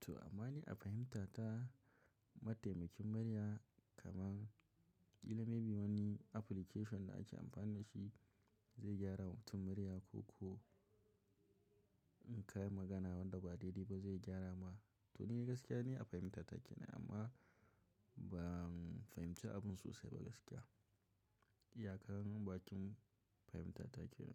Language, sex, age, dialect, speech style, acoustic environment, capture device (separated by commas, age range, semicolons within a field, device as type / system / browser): Hausa, male, 25-34, Nigeria - Kano, relaxed, silence, mobile / macOS / Safari